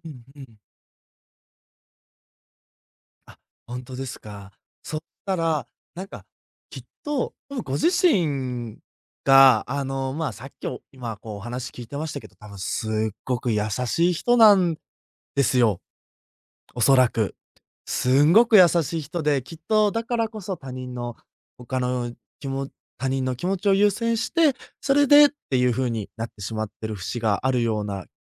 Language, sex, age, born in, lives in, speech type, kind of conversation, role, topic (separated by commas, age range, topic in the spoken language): Japanese, male, 20-24, Japan, Japan, conversation, advice, advisor, 他人の期待に合わせすぎて自分を大切にできないとき、どうすればいいですか?